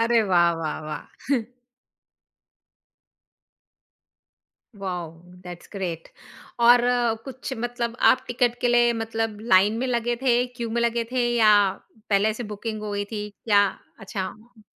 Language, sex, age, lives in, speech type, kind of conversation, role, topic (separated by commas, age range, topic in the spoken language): Hindi, female, 45-49, India, conversation, podcast, host, Kya tumhe apna pehla cinema hall ka experience yaad hai, aur woh kaisa tha?